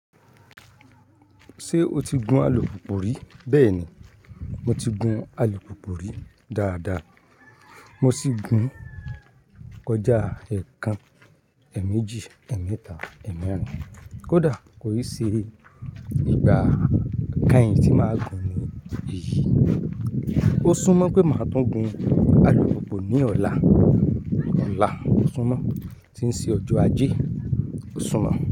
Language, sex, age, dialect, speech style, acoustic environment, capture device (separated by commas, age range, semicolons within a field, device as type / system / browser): Yoruba, male, 25-34, Nigeria - Ibadan, happy, nature, mobile / Linux / Chrome